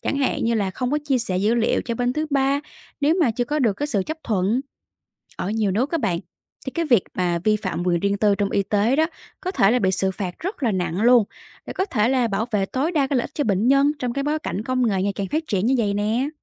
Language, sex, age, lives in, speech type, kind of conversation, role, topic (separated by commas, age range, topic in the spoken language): Vietnamese, female, 30-34, Vietnam, read, expert-assistant, expert, Các chuyên khoa y tế mới và y tế số hóa sẽ thay đổi chăm sóc sức khỏe ở Việt Nam như thế nào?